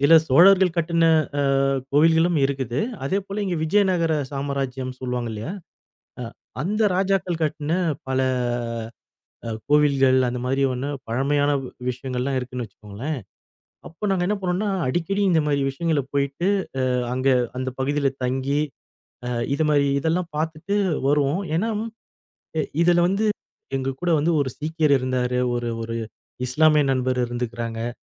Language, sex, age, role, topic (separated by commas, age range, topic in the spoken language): Tamil, male, 40-44, guest, இணையத்தில் அறிமுகமானவர்களுடன் உருவாகும் நட்பு, நேருக்கு நேர் உருவாகும் நட்பிலிருந்து வேறுபடுகிறதா?